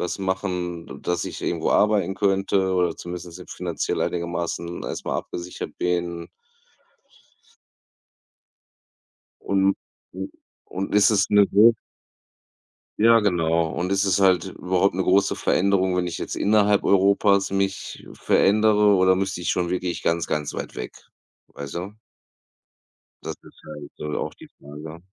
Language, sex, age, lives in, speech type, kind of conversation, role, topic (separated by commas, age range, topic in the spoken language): German, male, 35-39, Germany, conversation, unstructured, none, Was bewegt dich besonders, wenn du an Flüchtlinge aus Kriegsgebieten denkst?